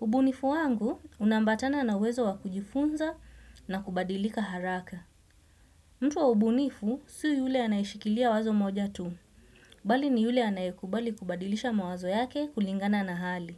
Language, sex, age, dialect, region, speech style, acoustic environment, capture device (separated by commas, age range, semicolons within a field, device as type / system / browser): Swahili, female, 25-34, Kenya - Mombasa, Kenya, happy; excited, silence, desktop / Windows / Chrome